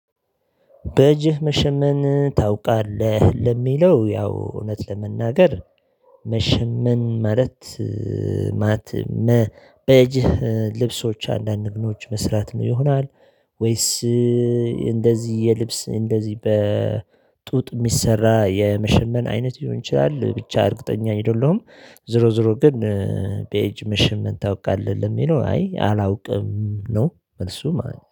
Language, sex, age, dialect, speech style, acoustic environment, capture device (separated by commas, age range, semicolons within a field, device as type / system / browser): Amharic, male, 35-44, Ethiopia - Addis Ababa, happy, silence, mobile / Linux / Chrome